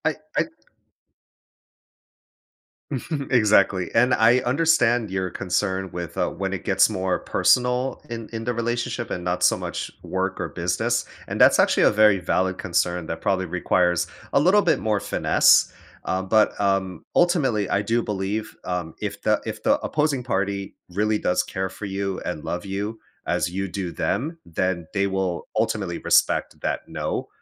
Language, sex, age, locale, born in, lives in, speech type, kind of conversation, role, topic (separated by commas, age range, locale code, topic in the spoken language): English, male, 35-39, en-US, United States, United States, conversation, advice, advisor, How can I say no to friends, family, or coworkers without feeling guilty?